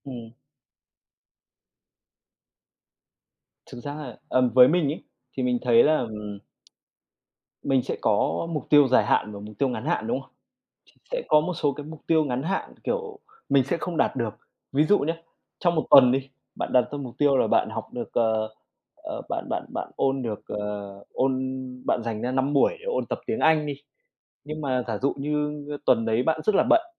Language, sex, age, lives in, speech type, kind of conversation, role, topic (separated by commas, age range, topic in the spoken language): Vietnamese, male, 25-29, Vietnam, conversation, unstructured, none, Bạn nghĩ gì về việc đặt mục tiêu cá nhân?